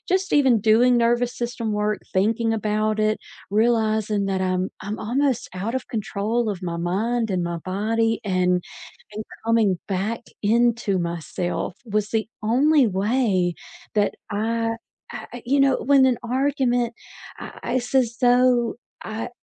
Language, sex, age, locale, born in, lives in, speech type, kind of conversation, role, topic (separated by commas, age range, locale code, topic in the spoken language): English, female, 40-44, en-US, United States, United States, conversation, unstructured, none, What’s the best way to calm down during a heated argument?